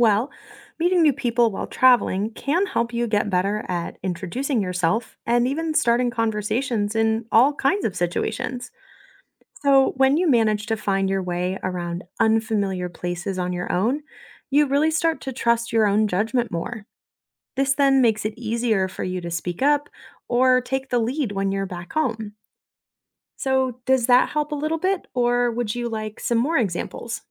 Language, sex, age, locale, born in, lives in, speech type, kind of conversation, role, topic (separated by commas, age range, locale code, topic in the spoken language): English, female, 30-34, en-US, United States, United States, read, expert-assistant, expert, What are the benefits of traveling to new places?